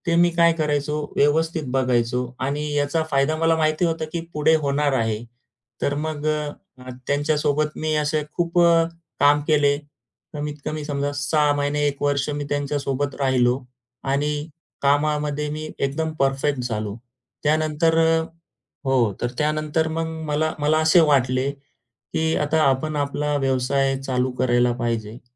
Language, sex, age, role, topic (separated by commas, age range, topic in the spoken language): Marathi, male, 35-39, guest, तुमच्या कामानं तुमची ओळख कशी घडवली आहे?